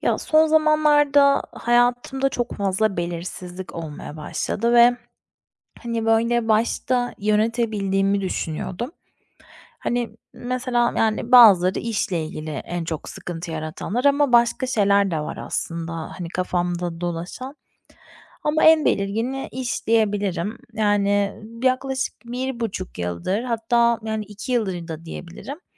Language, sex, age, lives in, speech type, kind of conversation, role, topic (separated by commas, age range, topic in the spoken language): Turkish, female, 30-34, Spain, conversation, advice, user, Belirsizlik karşısında duygusal dayanıklılığımı nasıl geliştirebilirim?